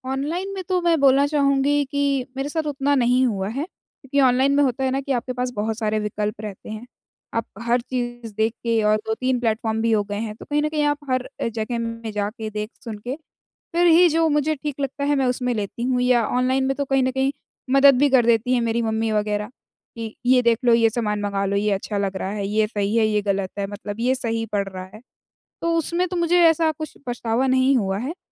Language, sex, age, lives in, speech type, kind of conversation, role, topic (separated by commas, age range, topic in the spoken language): Hindi, female, 20-24, India, conversation, advice, user, मैं सही कीमत पर अच्छा सामान कैसे ढूंढूं?